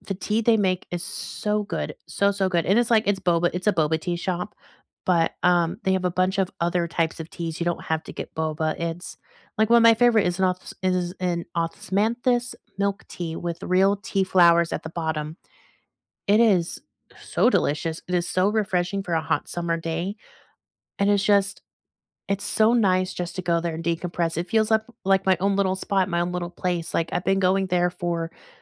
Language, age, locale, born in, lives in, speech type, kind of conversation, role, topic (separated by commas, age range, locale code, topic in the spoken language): English, 25-29, en-US, United States, United States, conversation, unstructured, none, What places in your city feel like secret sanctuaries to you?